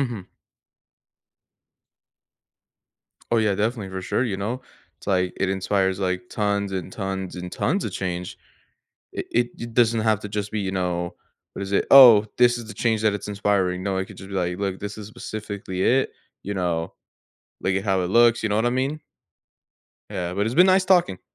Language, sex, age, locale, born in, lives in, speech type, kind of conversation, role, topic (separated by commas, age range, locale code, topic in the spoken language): English, male, 18-19, en-US, United States, United States, conversation, unstructured, none, How do hobbies encourage personal growth and new experiences?